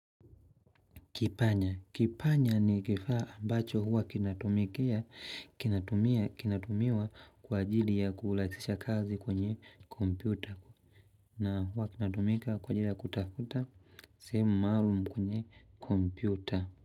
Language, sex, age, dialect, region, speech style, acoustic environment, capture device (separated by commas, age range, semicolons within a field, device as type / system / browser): Swahili, male, 25-34, Tanzania - Dar es Salaam, Tanzania, happy; relaxed, nature; silence; people talking; appliances, mobile / Linux / Chrome